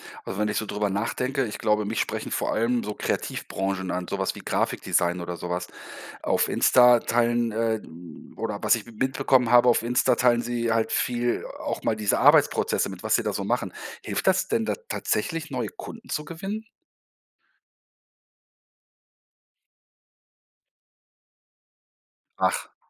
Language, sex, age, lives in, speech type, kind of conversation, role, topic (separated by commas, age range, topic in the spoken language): German, male, 50-54, Germany, read, expert-assistant, asker, Was bedeutet es eigentlich, selbstständig zu arbeiten, und wie unterscheidet sich das vom Angestelltsein?